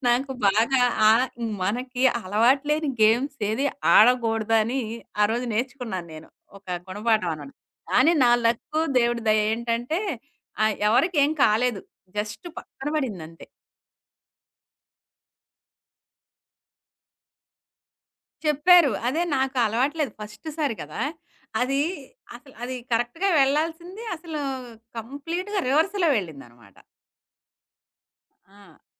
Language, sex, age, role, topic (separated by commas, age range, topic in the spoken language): Telugu, female, 40-44, guest, పాఠశాల అనుభవాలు మీకు ఏమి నేర్పించాయి?